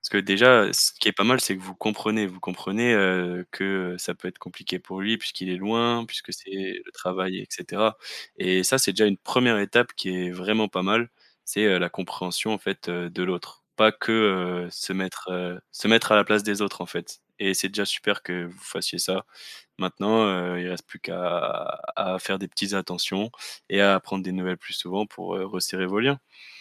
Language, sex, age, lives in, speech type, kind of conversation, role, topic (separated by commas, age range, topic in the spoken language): French, male, 20-24, France, conversation, advice, advisor, Comment gérer le ressentiment et la rancœur envers un ami qui m’a déçu sans tout gâcher ?